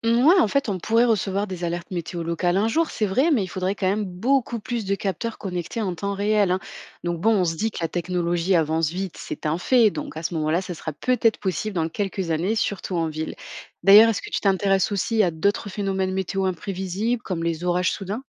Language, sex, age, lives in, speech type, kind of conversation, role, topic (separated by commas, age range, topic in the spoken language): French, female, 25-29, France, read, expert-assistant, expert, Mon application météo prédit souvent la pluie alors qu’il ne tombe pas une goutte. Quels sont les problèmes techniques ou scientifiques qui peuvent expliquer ces erreurs de prévision ?